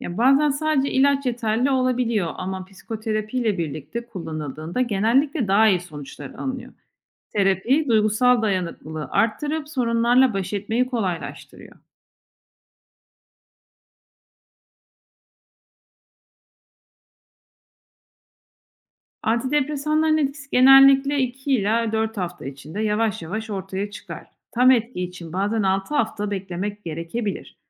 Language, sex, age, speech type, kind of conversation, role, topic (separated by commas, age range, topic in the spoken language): Turkish, female, 40-44, read, expert-assistant, expert, Antidepresanlar bağımlılık yapar mı, yoksa bu bir şehir efsanesi mi?